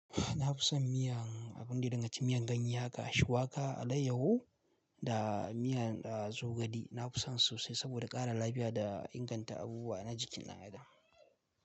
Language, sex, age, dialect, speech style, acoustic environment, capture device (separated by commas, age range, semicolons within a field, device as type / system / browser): Hausa, male, 18-24, Nigeria - Kano, relaxed; focused, nature; appliances, mobile / Linux / Chrome